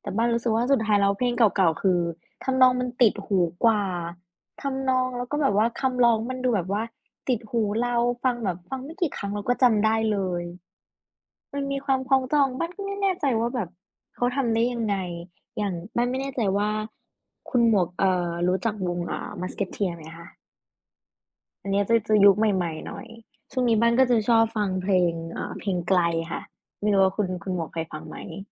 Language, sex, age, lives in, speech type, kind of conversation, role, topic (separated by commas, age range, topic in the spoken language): Thai, female, 20-24, Thailand, conversation, unstructured, none, คุณชอบฟังเพลงเก่าๆ เพลงไหนที่ทำให้คุณคิดถึงอดีตมากที่สุด?